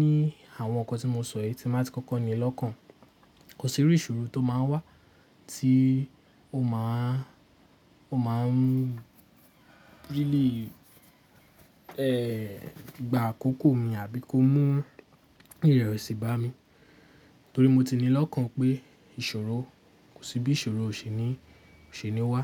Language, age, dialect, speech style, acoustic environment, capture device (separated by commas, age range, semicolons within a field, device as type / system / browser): Yoruba, 18-24, Nigeria - Lagos Yoruba, relaxed, nature; appliances, mobile / Linux / Chrome